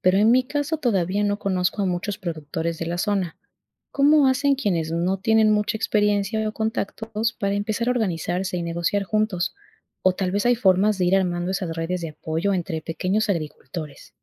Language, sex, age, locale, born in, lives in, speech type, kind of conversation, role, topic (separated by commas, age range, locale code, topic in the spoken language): Spanish, female, 25-29, es-EC, Ecuador, Spain, read, expert-assistant, asker, ¿Cómo deciden los agricultores qué cultivos sembrar cada temporada?